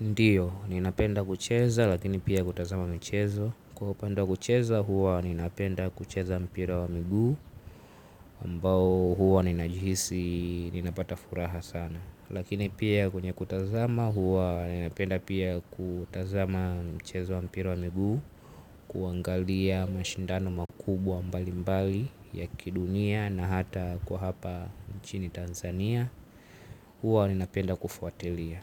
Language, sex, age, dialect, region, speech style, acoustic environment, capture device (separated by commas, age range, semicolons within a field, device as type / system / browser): Swahili, male, 18-24, Tanzania - Dar es Salaam, Tanzania, relaxed, silence, mobile / Linux / Chrome